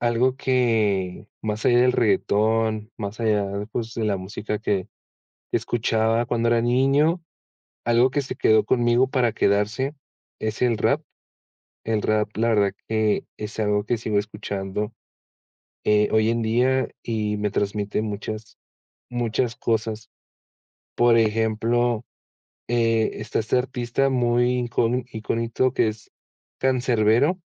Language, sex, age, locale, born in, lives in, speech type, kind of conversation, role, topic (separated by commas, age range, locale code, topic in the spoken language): Spanish, male, 20-24, es-MX, Mexico, Mexico, conversation, podcast, guest, ¿Tienes alguna canción que te transporte al pasado?